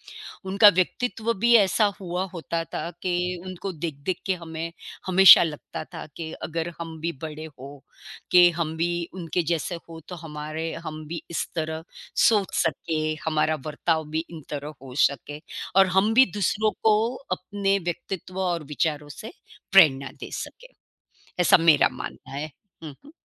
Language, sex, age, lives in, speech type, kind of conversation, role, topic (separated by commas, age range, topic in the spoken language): Hindi, female, 55-59, United States, conversation, unstructured, none, क्या आपको कभी किसी शिक्षक से प्रेरणा मिली है, और कैसे?